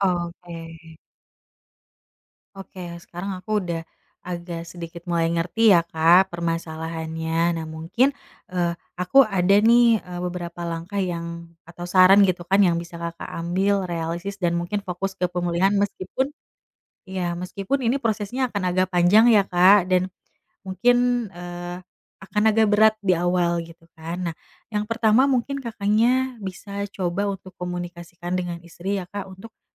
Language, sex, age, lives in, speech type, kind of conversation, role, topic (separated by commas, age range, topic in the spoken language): Indonesian, female, 30-34, Indonesia, conversation, advice, advisor, Bagaimana cara menurunkan pengeluaran bulanan agar bisa melunasi utang lebih cepat?